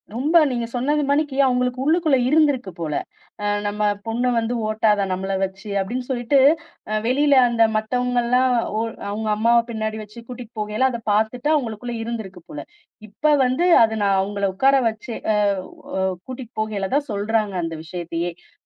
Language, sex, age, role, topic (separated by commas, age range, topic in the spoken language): Tamil, female, 35-39, guest, உங்களைப் பற்றி சொல்ல நீங்கள் எந்தப் பாடலைத் தேர்வு செய்வீர்கள்?